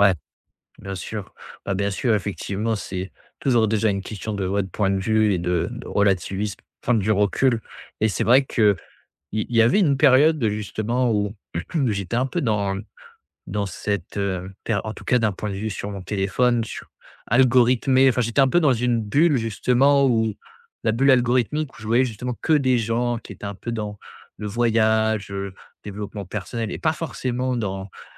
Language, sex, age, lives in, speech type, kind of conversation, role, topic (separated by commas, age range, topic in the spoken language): French, male, 25-29, France, conversation, advice, user, Pourquoi est-ce que je me sens en retard quand mes amis se marient ou avancent dans leur carrière ?